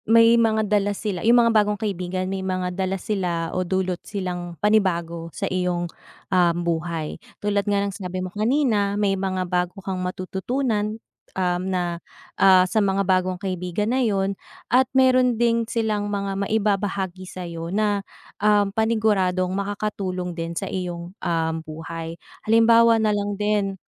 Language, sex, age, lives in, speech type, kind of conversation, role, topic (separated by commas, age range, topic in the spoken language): Filipino, female, 30-34, Philippines, conversation, unstructured, none, Mas gusto mo bang lagi kang may pagkakataong makakilala ng bagong kaibigan o lagi kang may pagkakataong makasama ang matagal mo nang kaibigan?